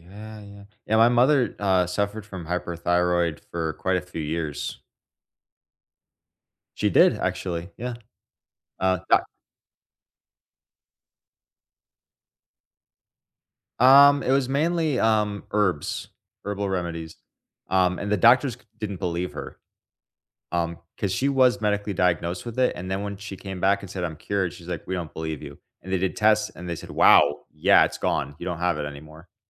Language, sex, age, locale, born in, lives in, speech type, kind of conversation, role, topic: English, male, 25-29, en-US, United States, United States, conversation, unstructured, none, What habits harm our physical health the most?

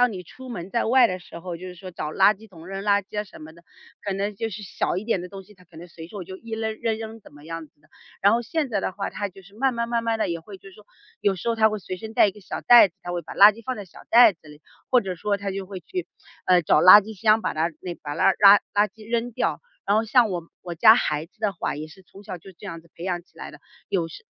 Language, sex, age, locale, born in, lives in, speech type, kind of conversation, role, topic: Chinese, female, 40-44, zh-CN, China, United States, conversation, podcast, guest, 你平时怎么注意环保的小事儿？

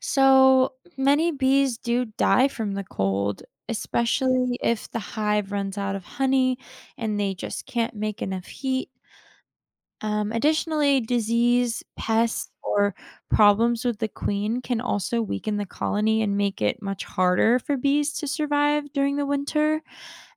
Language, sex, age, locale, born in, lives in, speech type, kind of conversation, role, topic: English, female, 20-24, en-US, United States, United States, read, expert-assistant, expert, How do bees make honey?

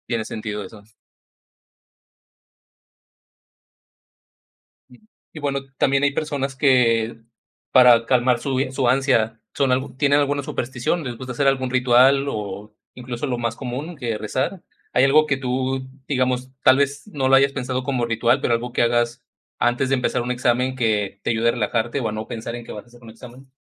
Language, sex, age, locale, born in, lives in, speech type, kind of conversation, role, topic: Spanish, male, 35-39, es-MX, Mexico, Mexico, conversation, podcast, host, ¿Cómo manejas la ansiedad antes de un examen?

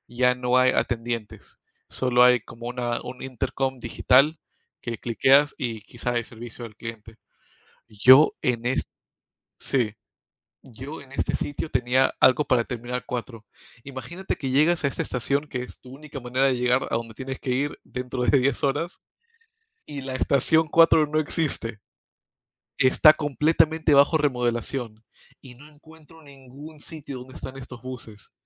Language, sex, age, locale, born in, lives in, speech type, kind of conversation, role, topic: Spanish, male, 25-29, es-PE, United States, United States, conversation, unstructured, none, ¿Qué ha sido lo más frustrante que te ha pasado al viajar?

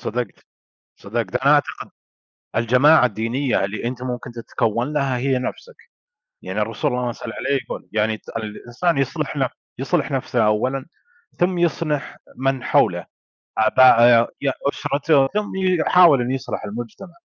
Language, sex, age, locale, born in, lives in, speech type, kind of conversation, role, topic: Arabic, male, 25-29, ar-SA, Saudi Arabia, United States, conversation, unstructured, none, هل شايف إن الدين ممكن يكون سبب في التفرقة؟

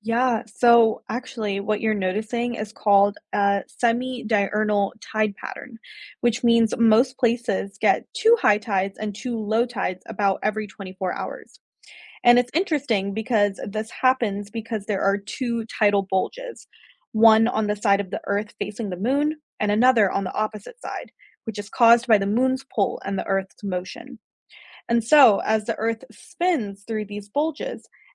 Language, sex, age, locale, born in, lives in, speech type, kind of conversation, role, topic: English, female, 25-29, en-US, United States, United States, read, expert-assistant, expert, What causes ocean tides?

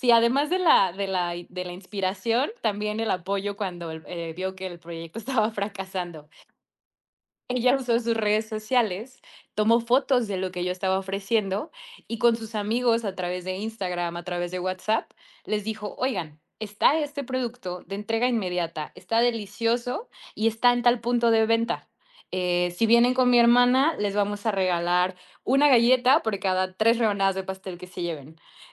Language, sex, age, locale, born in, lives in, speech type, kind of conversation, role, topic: Spanish, female, 30-34, es-MX, Mexico, Mexico, conversation, podcast, guest, ¿Cómo te llega la inspiración para crear algo nuevo?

